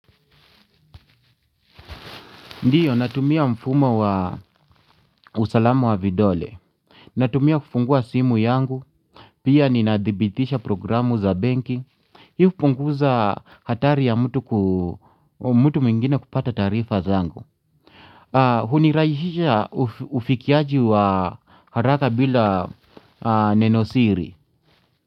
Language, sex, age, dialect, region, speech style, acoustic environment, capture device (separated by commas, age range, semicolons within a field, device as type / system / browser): Swahili, male, 45-54, Kenya - Nairobi Sheng-influenced, Kenya, relaxed, music, mobile / Linux / Chrome